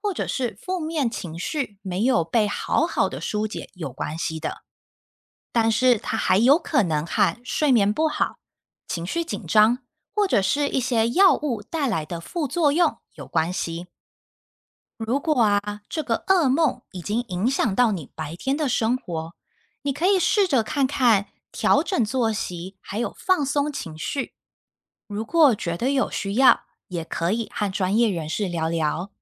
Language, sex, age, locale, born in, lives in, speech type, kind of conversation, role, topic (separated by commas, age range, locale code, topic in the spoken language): Chinese, female, 30-34, zh-TW, Taiwan, United States, read, expert-assistant, expert, 为什么我们会做梦？